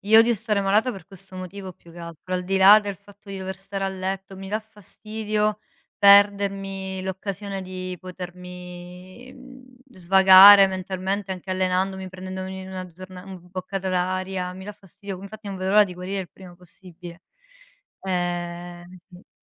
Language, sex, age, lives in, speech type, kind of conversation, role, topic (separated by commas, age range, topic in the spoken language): Italian, female, 35-39, Italy, conversation, unstructured, none, Qual è il tuo approccio per mantenere uno stile di vita attivo?